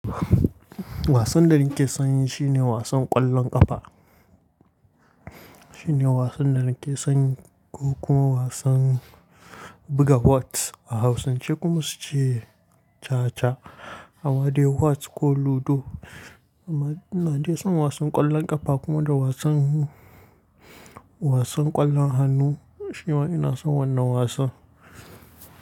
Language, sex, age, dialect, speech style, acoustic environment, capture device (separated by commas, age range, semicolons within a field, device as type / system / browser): Hausa, male, 18-24, Nigeria - Kano, tired, nature; silence, mobile / Linux / Chrome